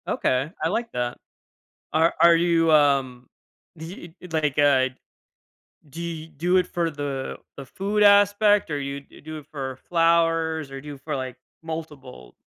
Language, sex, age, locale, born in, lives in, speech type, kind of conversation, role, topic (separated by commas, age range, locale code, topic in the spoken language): English, male, 25-29, en-US, United States, United States, conversation, podcast, host, How have your hobbies shaped who you are and what you value?